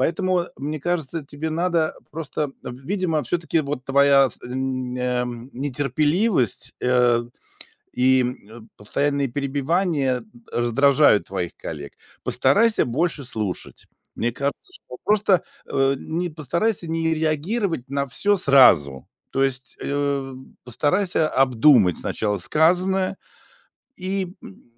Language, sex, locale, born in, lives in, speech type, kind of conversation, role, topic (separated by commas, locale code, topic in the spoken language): Russian, male, ru-RU, Russia, Germany, conversation, advice, advisor, В какой ситуации вы получили негативную обратную связь и как вы её обсудили?